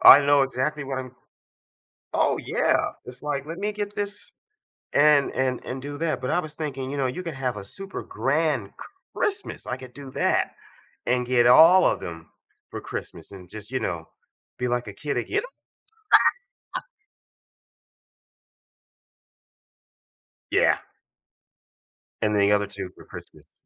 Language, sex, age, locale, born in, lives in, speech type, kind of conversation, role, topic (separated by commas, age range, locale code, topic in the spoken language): English, male, 55-59, en-US, United States, United States, conversation, advice, user, How do I find a new hobby that fits my interests and schedule?